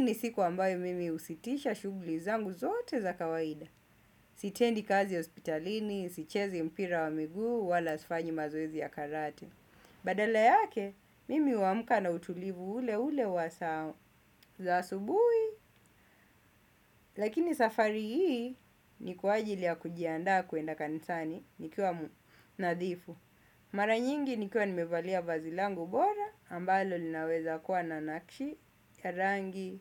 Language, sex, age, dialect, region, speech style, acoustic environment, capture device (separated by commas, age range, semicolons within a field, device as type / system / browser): Swahili, male, 25-34, Kenya - Mombasa, Kenya, happy; focused, silence; nature, desktop / Windows / Chrome